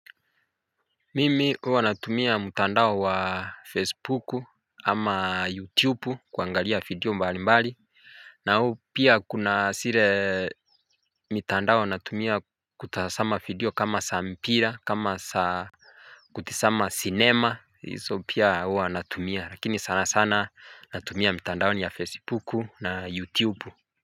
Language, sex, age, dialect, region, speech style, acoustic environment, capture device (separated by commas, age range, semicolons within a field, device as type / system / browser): Swahili, male, 25-34, Kenya - Mombasa, Kenya, happy, nature, mobile / Linux / Chrome